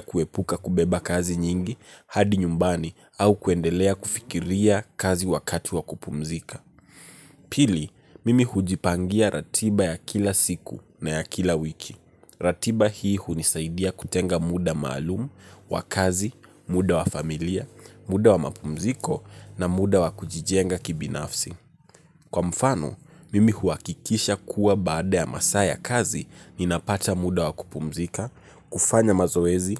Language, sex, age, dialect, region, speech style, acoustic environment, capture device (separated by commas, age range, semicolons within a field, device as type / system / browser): Swahili, male, 18-24, Kenya - Nairobi Sheng-influenced, Kenya, relaxed; focused; nervous, nature; appliances; traffic, mobile / Linux / Chrome